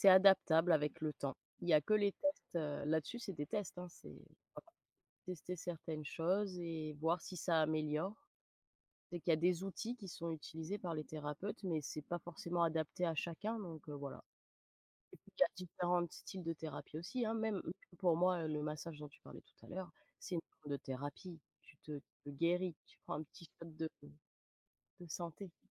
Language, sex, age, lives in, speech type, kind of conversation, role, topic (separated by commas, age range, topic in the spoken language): French, female, 30-34, France, conversation, unstructured, none, Comment gères-tu le stress dans ta vie de tous les jours ?